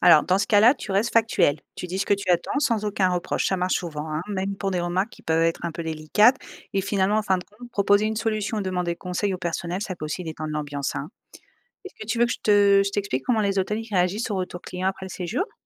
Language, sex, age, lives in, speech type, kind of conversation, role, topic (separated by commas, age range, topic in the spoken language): French, female, 35-39, Spain, read, expert-assistant, expert, Pourquoi certaines vacances à l’hôtel se passent-elles moins bien que prévu, et comment repérer les causes possibles ?